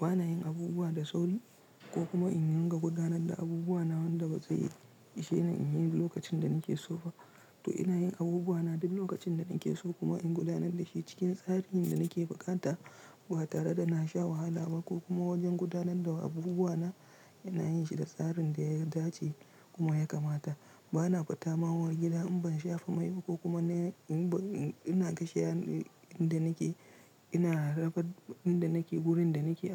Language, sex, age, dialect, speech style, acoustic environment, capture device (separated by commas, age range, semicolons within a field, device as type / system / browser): Hausa, male, 25-34, Nigeria - Nigerian Standard, relaxed; tired; stressed; focused, silence; nature, mobile / Linux / Chrome